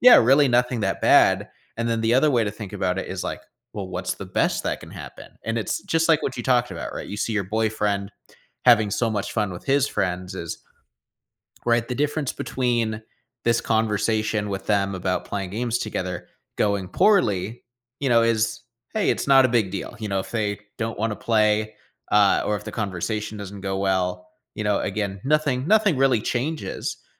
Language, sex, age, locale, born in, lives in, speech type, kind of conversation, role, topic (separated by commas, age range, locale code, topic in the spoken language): English, male, 25-29, en-US, United States, United States, conversation, advice, advisor, How can I make my daily routine feel more meaningful?